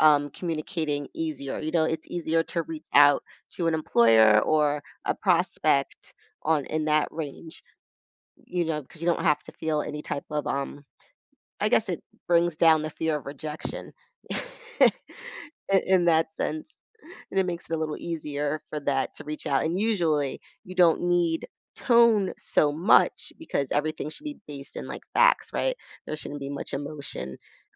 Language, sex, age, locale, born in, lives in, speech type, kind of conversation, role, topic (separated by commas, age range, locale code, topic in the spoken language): English, female, 40-44, en-US, United States, United States, conversation, podcast, guest, In what ways has social media influenced how we connect and interact with others?